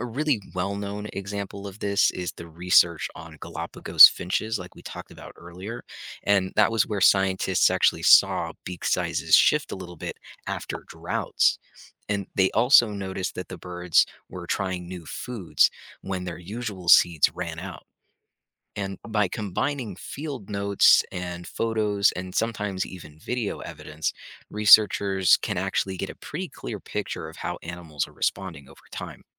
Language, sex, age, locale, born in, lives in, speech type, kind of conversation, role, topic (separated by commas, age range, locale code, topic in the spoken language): English, male, 25-29, en-US, United States, United States, read, expert-assistant, expert, Are physical or behavioral adaptations more effective for survival?